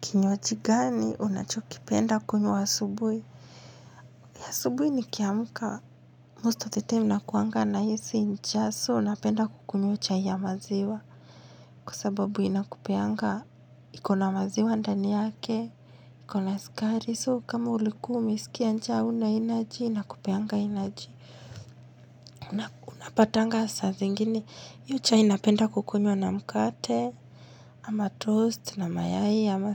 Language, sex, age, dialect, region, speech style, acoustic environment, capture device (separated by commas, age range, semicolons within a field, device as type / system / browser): Swahili, female, 25-34, Kenya - Nairobi Sheng-influenced, Kenya, happy; relaxed; focused, silence, mobile / Linux / Chrome